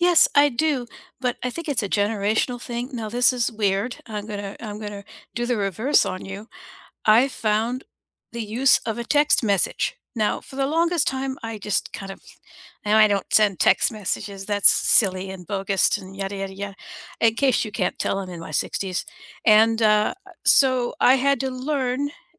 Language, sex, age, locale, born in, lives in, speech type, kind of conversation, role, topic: English, female, 60-64, en-US, United States, United States, conversation, unstructured, none, What little gestures make you feel appreciated?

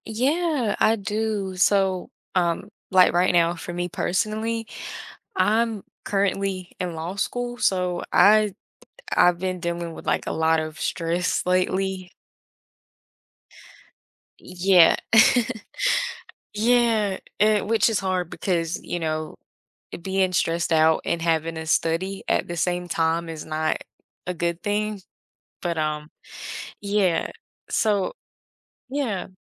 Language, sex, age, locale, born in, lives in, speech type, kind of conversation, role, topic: English, female, 20-24, en-US, United States, United States, conversation, podcast, guest, What are some practical habits that can help reduce everyday stress?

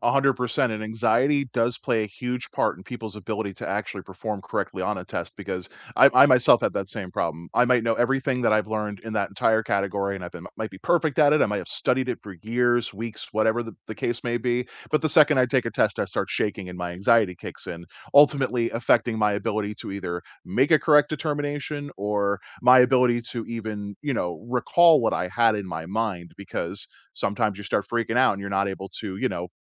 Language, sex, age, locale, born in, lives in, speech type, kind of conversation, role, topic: English, male, 35-39, en-US, United States, United States, conversation, unstructured, none, What's your opinion on standardized testing?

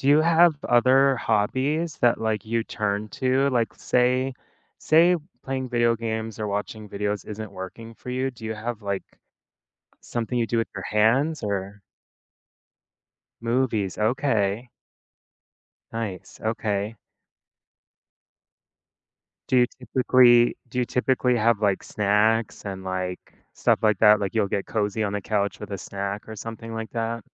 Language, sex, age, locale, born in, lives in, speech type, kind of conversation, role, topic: English, male, 30-34, en-US, United States, United States, conversation, podcast, host, What habits or routines help you handle everyday stress?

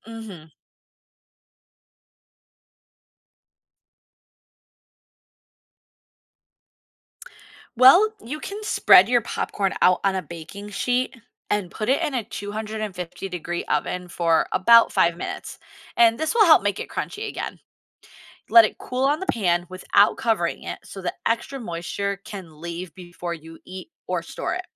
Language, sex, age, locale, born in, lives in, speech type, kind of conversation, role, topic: English, female, 35-39, en-US, United States, United States, read, expert-assistant, expert, How can you make perfect popcorn at home?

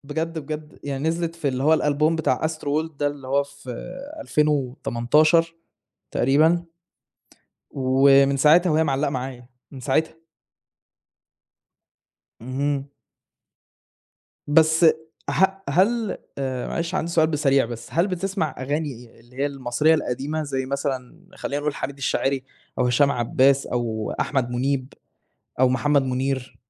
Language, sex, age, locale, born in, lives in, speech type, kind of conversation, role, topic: Arabic, male, 20-24, ar-EG, Saudi Arabia, Germany, conversation, unstructured, none, إيه نوع الموسيقى اللي بتسمعها عادة؟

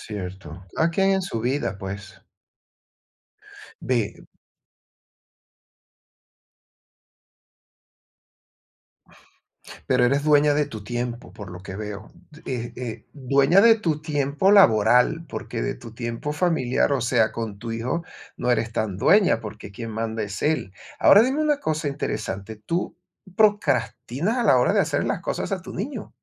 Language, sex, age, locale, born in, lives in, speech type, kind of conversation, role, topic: Spanish, male, 55-59, es-VE, Venezuela, Portugal, conversation, advice, advisor, ¿Cómo puedo dejar de procrastinar y priorizar mis tareas?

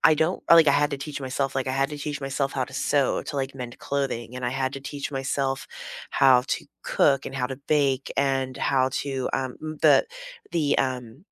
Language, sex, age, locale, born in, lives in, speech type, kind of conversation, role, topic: English, female, 35-39, en-US, United States, United States, conversation, unstructured, none, What class do you wish had existed when you were younger?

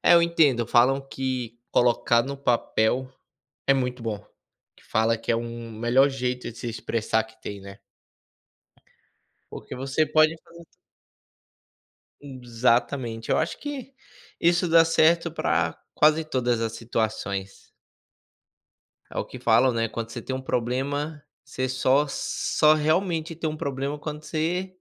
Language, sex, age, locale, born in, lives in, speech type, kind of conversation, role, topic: Portuguese, male, 25-29, pt-BR, Brazil, United States, conversation, unstructured, none, Como você lida com a perda de alguém próximo?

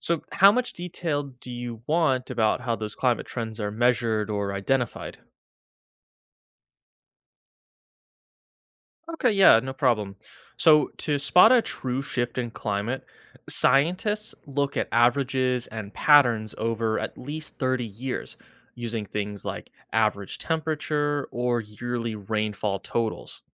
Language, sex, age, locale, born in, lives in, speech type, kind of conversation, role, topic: English, male, 25-29, en-US, United States, United States, read, expert-assistant, expert, What is the difference between weather and climate?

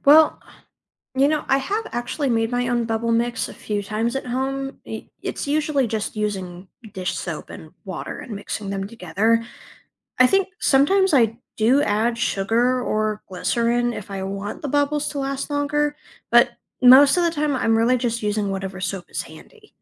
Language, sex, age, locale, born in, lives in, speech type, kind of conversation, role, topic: English, other, 20-24, en-US, United States, United States, read, expert-assistant, asker, Why do bubbles form when soap is mixed with water?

